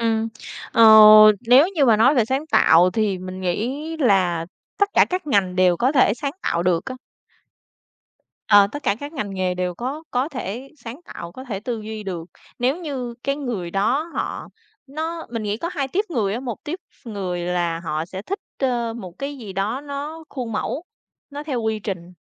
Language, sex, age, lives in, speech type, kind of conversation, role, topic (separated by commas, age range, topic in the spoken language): Vietnamese, female, 30-34, Vietnam, conversation, unstructured, none, Những kỳ nghỉ hè đã góp phần vào sự phát triển của bạn như thế nào?